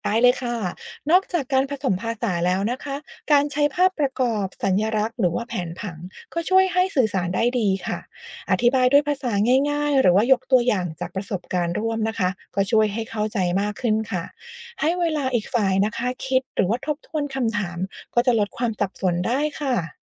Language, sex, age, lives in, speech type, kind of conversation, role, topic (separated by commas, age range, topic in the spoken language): Thai, female, 40-44, United States, read, expert-assistant, expert, ทำยังไงถึงจะเข้าใจว่าทำไมบางภาษาถึงเรียงคำไม่เหมือนกัน ทั้งที่ความหมายคล้ายกัน?